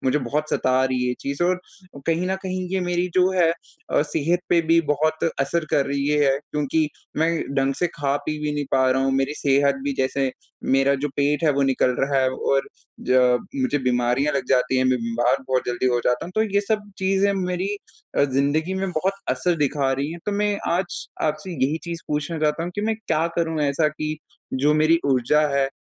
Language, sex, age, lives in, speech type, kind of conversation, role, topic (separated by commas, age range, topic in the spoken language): Hindi, male, 20-24, India, conversation, advice, user, अगर मेरी ऊर्जा या स्वास्थ्य में गिरावट आ रही हो, तो मुझे क्या करना चाहिए?